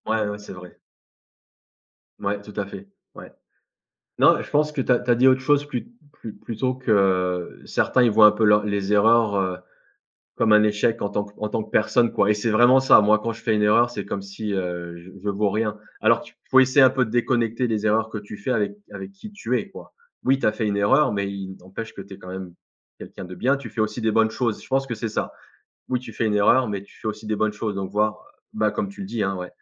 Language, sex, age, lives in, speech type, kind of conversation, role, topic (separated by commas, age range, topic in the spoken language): French, male, 35-39, France, conversation, unstructured, none, Comment gères-tu les erreurs dans ta vie ?